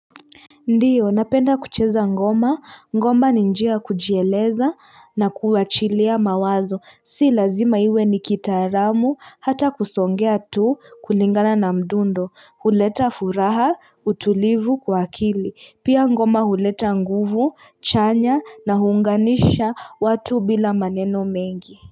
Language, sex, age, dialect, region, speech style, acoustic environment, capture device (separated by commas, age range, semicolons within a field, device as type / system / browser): Swahili, female, 25-34, Kenya - Nairobi Sheng-influenced, Kenya, tired, people talking; appliances, mobile / Linux / Chrome